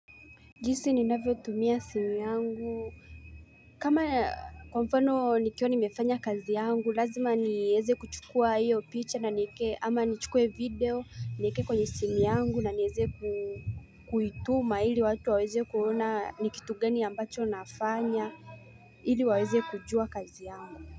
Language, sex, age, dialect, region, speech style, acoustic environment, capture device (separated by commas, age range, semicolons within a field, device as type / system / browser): Swahili, female, 18-24, Kenya - Mombasa, Kenya, relaxed, nature; silence; music; people talking, mobile / Linux / Chrome